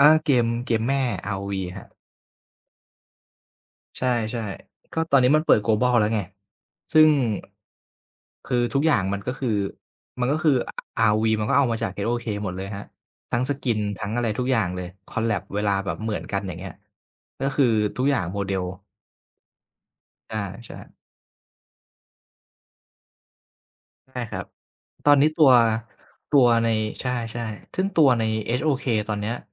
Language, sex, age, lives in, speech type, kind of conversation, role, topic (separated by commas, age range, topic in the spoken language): Thai, male, 25-29, Thailand, conversation, unstructured, none, เทคโนโลยีทำให้ชีวิตของเราง่ายขึ้นจริงหรือเปล่า?